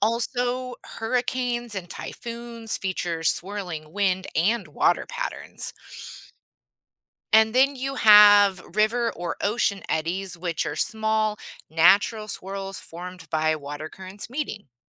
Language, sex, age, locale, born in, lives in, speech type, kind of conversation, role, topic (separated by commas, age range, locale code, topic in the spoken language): English, female, 40-44, en-US, United States, United States, read, expert-assistant, expert, What makes water swirl?